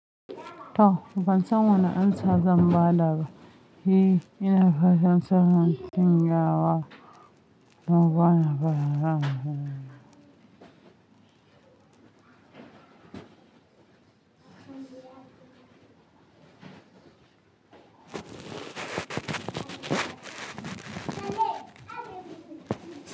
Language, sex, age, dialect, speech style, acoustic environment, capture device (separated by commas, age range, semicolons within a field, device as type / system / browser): Hausa, female, 25-34, Nigeria - Kano, relaxed, people talking; nature, mobile / Linux / Chrome